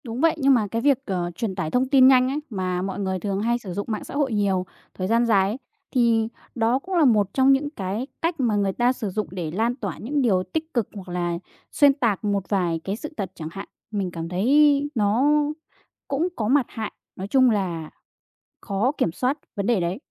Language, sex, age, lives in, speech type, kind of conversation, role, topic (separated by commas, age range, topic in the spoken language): Vietnamese, female, 20-24, Vietnam, conversation, podcast, host, Bạn thường quản lý thời gian sử dụng màn hình như thế nào?